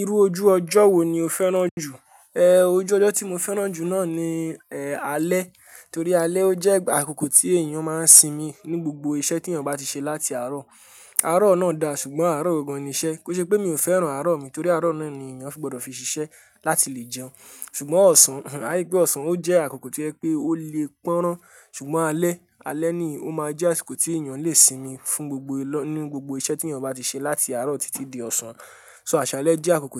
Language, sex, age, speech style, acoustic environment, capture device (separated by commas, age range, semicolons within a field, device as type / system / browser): Yoruba, male, 18-24, happy; relaxed; focused, people talking; music; nature; appliances, mobile / Linux / Chrome